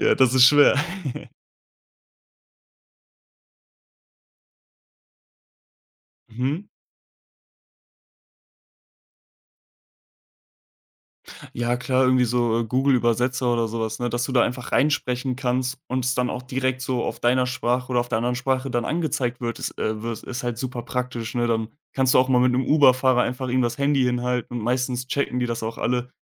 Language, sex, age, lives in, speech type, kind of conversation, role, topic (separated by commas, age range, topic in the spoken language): German, male, 20-24, Germany, conversation, podcast, guest, Wie gehst du mit Einsamkeit auf Reisen um?